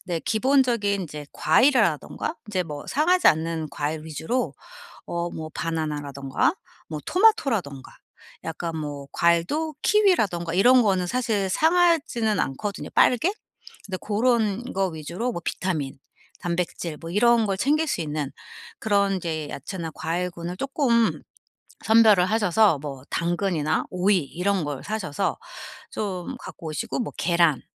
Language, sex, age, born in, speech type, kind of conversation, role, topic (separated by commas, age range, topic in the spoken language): Korean, female, 45-49, South Korea, conversation, advice, advisor, 건강한 식단을 빠르게 준비하려면 일주일을 어떻게 시작하는 게 좋을까요?